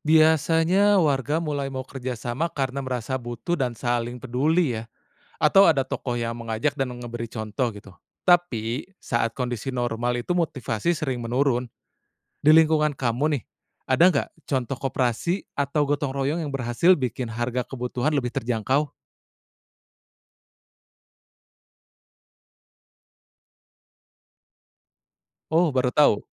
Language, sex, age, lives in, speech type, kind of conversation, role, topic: Indonesian, male, 30-34, Indonesia, read, expert-assistant, asker, Jika semua orang di Indonesia memutuskan untuk hanya membeli produk lokal selama satu tahun, bagaimana dampaknya terhadap usaha kecil, rantai pasok, dan harga pasar?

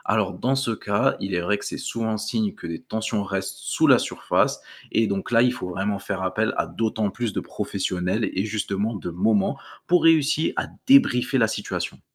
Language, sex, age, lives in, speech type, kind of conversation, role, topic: French, male, 20-24, France, read, expert-assistant, expert, Qu’est-ce qu’on oublie souvent quand on parle du métier d’infirmière ?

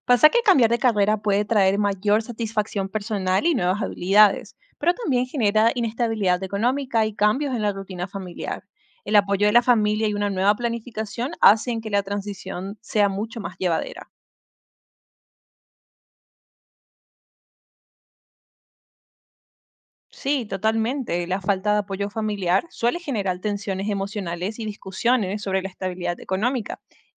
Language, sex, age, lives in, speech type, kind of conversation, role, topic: Spanish, female, 25-29, United States, read, expert-assistant, expert, ¿Cuáles son las ventajas y desventajas de cambiar de carrera a mitad de la vida?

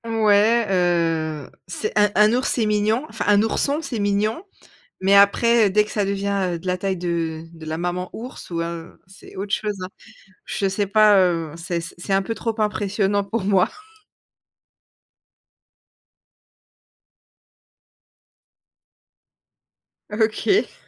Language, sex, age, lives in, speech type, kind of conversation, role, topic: French, female, 30-34, France, conversation, podcast, host, Comment un coin de nature en ville t’inspire-t-il ?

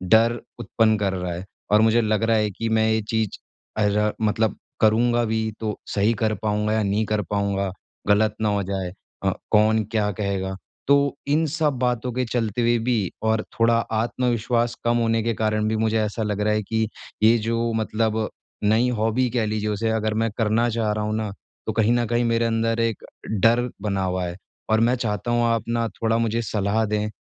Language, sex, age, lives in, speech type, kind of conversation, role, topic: Hindi, male, 25-29, India, conversation, advice, user, नई हॉबी आज़माने का डर मैं कैसे कम करूँ?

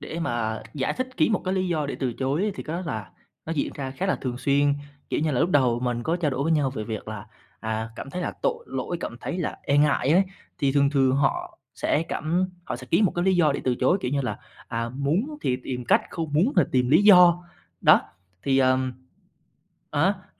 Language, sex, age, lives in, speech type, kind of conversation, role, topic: Vietnamese, male, 20-24, Vietnam, conversation, podcast, guest, Bạn nói “không” như thế nào để không cảm thấy tội lỗi?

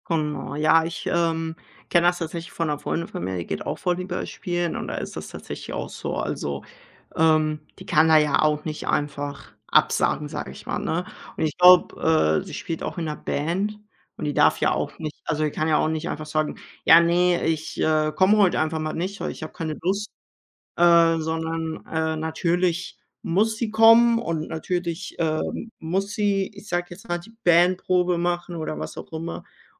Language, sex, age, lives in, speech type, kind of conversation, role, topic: German, male, 18-19, Germany, conversation, unstructured, none, Wie bleibst du beim Sport motiviert?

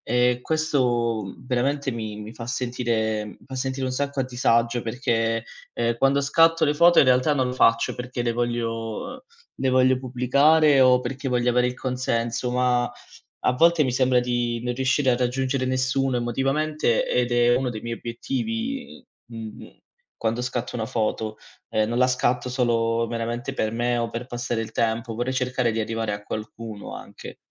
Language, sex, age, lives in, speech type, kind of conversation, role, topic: Italian, male, 25-29, Italy, conversation, advice, user, Come ti senti quando ti confronti continuamente con altri creativi sui social network?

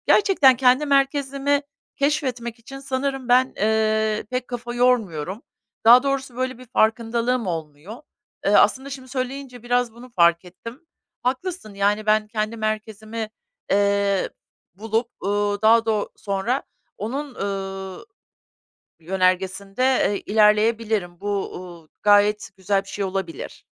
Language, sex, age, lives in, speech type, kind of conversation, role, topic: Turkish, female, 50-54, United States, conversation, advice, user, Başkalarının beklentileriyle kendi isteklerim çatıştığında ne yapmalıyım?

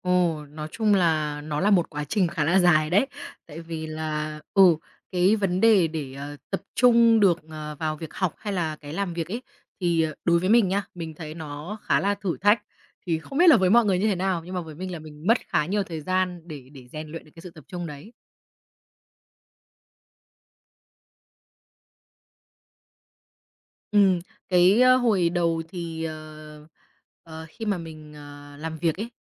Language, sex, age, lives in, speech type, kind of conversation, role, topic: Vietnamese, female, 25-29, Vietnam, conversation, podcast, guest, Thói quen nào giúp bạn dễ vào trạng thái tập trung sâu?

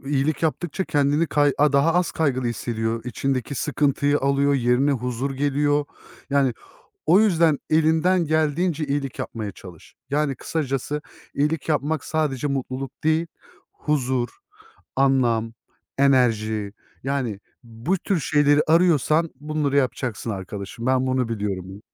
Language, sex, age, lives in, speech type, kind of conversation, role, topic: Turkish, male, 30-34, Germany, conversation, unstructured, none, İyilik yapmak seni nasıl mutlu ediyor?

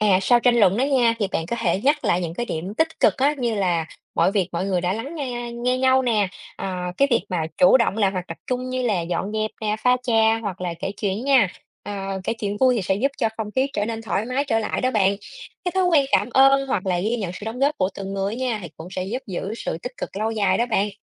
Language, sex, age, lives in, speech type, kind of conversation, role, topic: Vietnamese, female, 30-34, Vietnam, read, expert-assistant, expert, Nếu gia đình bạn có thành viên đến từ nhiều nền văn hóa khác nhau, bạn nghĩ văn hóa chung trong gia đình sẽ ra sao?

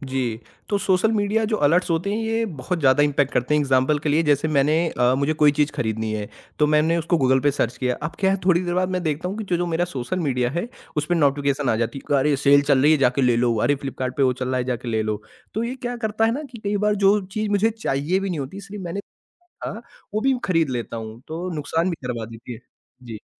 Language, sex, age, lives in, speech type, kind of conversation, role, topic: Hindi, male, 40-44, India, conversation, podcast, guest, Smartphone ne tumhari rozmarra ki zindagi kaise badal di hai?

